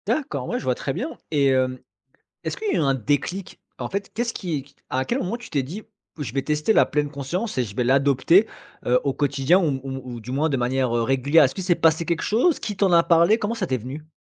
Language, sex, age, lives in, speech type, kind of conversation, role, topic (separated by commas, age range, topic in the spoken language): French, male, 35-39, France, conversation, podcast, host, Comment intégrer la pleine conscience dans une journée chargée ?